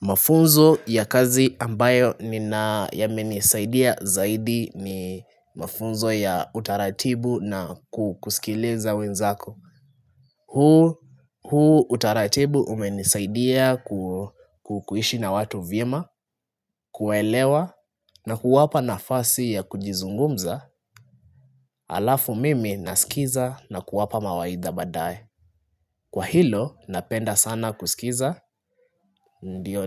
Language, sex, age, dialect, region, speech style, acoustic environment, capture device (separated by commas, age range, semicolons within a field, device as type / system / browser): Swahili, male, 25-34, Kenya - Nairobi Sheng-influenced, Kenya, relaxed, silence, desktop / Linux / Chrome